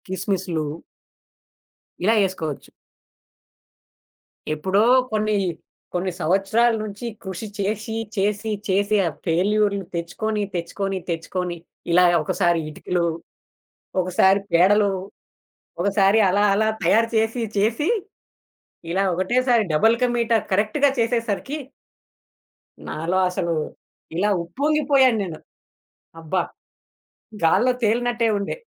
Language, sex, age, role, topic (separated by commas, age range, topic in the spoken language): Telugu, male, 20-24, guest, పండుగ వంటలను శ్రద్ధపూర్వకంగా ఎలా సిద్ధం చేస్తారు?